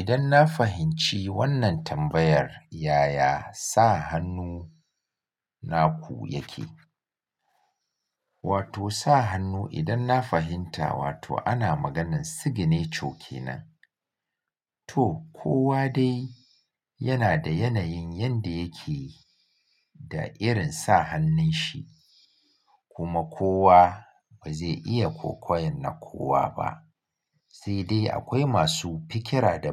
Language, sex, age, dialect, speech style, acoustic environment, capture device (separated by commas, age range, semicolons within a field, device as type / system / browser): Hausa, male, 35-44, Nigeria - Kano, happy; focused; relaxed, silence, mobile / Linux / Chrome